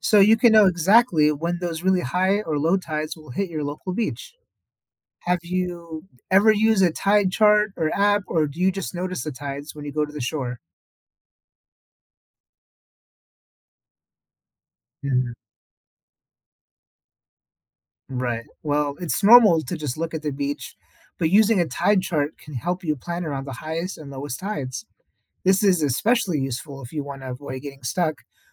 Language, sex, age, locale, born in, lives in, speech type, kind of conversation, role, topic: English, male, 30-34, en-US, United States, United States, read, expert-assistant, expert, What causes tides in the ocean?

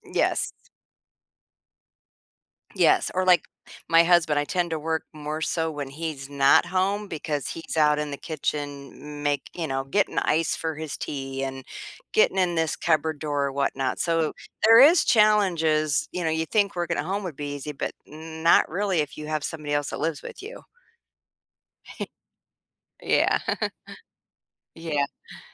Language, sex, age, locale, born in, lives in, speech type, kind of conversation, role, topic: English, female, 55-59, en-US, United States, United States, conversation, podcast, guest, What factors make it challenging to maintain a healthy balance between work and personal life?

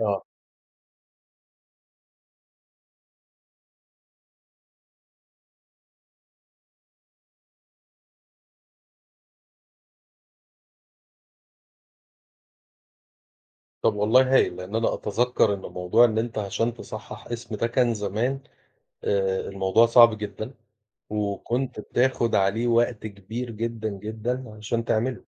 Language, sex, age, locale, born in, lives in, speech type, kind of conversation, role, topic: Arabic, male, 40-44, ar-EG, Egypt, Portugal, conversation, unstructured, none, إيه رأيك في دور الحكومة في حياة الناس اليومية؟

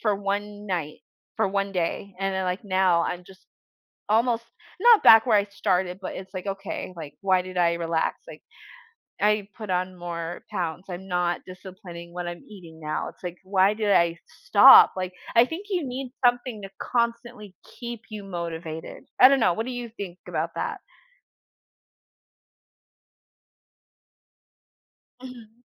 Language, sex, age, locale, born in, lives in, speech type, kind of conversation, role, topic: English, female, 30-34, en-US, United States, United States, conversation, unstructured, none, What strategies help you keep going when working toward something important?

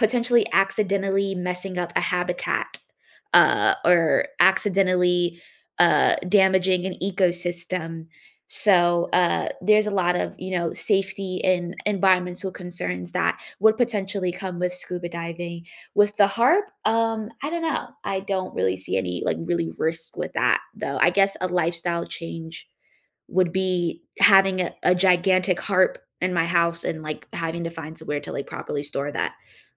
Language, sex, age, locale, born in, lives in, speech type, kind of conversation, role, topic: English, female, 20-24, en-US, United States, United States, conversation, unstructured, none, What hobby would you take up if you had unlimited time and money?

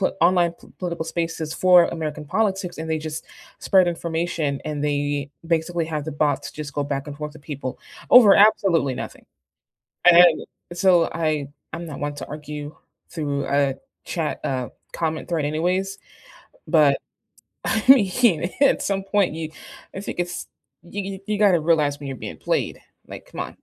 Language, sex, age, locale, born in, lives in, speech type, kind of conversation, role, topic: English, female, 25-29, en-US, United States, United States, conversation, unstructured, none, What risks do you see in social media influencing elections?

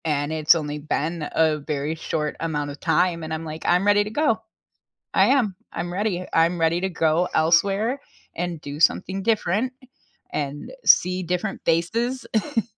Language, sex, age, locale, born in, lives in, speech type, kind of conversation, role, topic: English, female, 35-39, en-US, United States, United States, conversation, unstructured, none, How do you decide between exploring new places and building a life in one community?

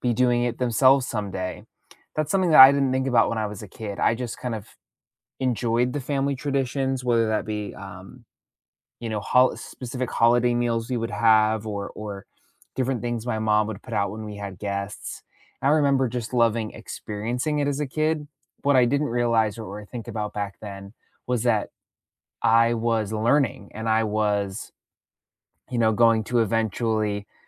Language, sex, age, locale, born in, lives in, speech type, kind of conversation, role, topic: English, male, 25-29, en-US, United States, United States, conversation, unstructured, none, How do your weekend DIY projects and family meals bring everyone together?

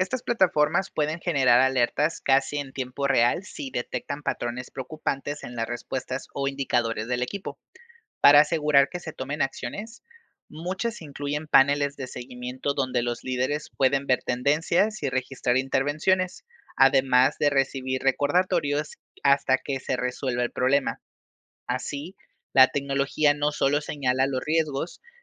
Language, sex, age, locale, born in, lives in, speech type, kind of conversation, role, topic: Spanish, male, 25-29, es-MX, Mexico, Mexico, read, expert-assistant, expert, ¿Qué innovaciones podríamos implementar para hacer que el trabajo de las enfermeras sea menos estresante y más eficiente?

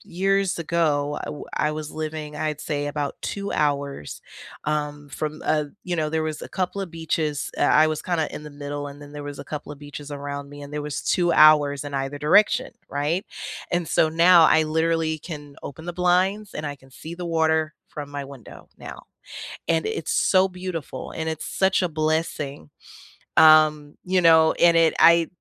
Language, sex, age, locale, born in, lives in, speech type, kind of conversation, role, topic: English, female, 30-34, en-US, United States, United States, conversation, unstructured, none, Which small daily habit has improved your routine, and why is it meaningful to you?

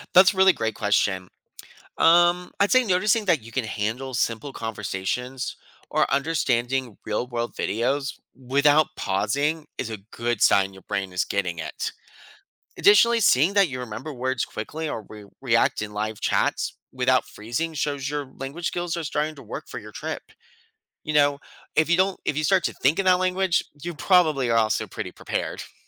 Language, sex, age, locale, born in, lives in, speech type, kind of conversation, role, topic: English, male, 30-34, en-US, United States, United States, read, expert-assistant, expert, How does learning a new language affect your brain?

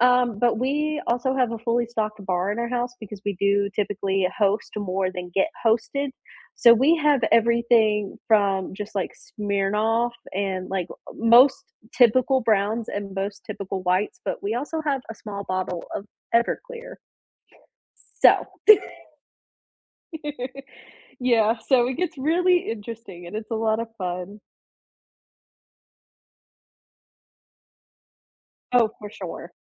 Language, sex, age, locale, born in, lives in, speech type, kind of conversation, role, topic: English, female, 20-24, en-US, United States, United States, conversation, unstructured, none, Which childhood cartoon or game still makes you smile?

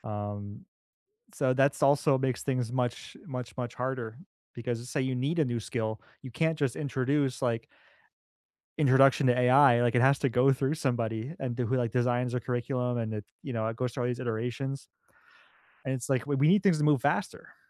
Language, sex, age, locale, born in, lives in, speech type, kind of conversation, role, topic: English, male, 30-34, en-US, United States, United States, conversation, unstructured, none, Should students have more say in what they learn?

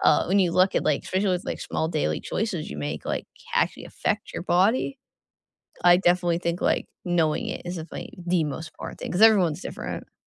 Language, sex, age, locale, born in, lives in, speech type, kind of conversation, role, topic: English, female, 20-24, en-US, United States, United States, conversation, unstructured, none, What small daily choices have the biggest impact on how your day goes?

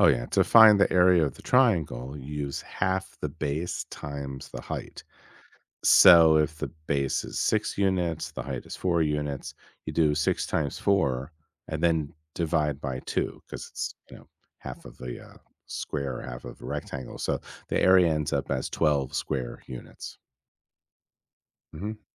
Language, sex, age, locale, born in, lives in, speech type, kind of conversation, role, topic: English, male, 50-54, en-US, United States, United States, read, expert-assistant, expert, How do you calculate the area of a square?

